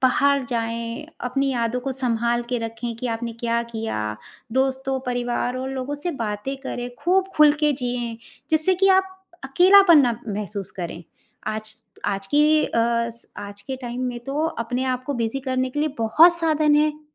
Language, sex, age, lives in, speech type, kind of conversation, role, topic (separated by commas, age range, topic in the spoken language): Hindi, female, 45-49, India, conversation, advice, advisor, मैं घर की याद और अकेलापन कैसे कम कर सकता/सकती हूँ?